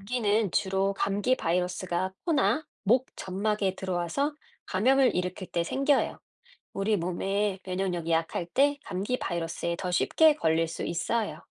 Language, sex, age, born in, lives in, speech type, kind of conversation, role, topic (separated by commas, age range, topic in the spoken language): Korean, female, 40-44, South Korea, United States, read, expert-assistant, expert, 왜 우리는 감기에 걸릴까요?